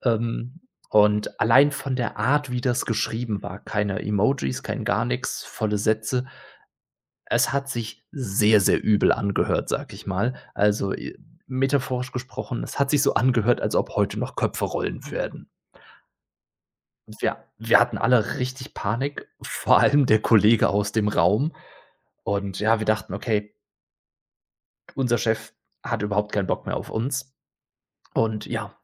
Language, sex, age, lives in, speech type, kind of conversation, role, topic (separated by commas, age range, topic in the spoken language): German, male, 30-34, Germany, conversation, podcast, guest, Was passiert bei Missverständnissen in SMS oder Chat?